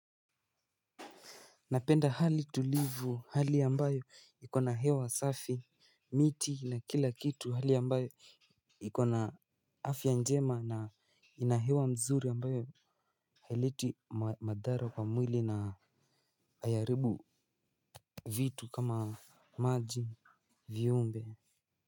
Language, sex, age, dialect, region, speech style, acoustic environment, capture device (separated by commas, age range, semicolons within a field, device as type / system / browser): Swahili, male, 18-24, Kenya - Nairobi Sheng-influenced, Kenya, relaxed, traffic, mobile / Linux / Chrome